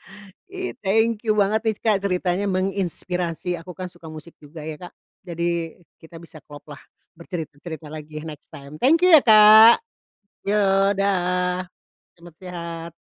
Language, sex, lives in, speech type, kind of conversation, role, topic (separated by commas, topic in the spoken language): Indonesian, female, Indonesia, conversation, podcast, host, Apa yang kamu lakukan saat ide mentok?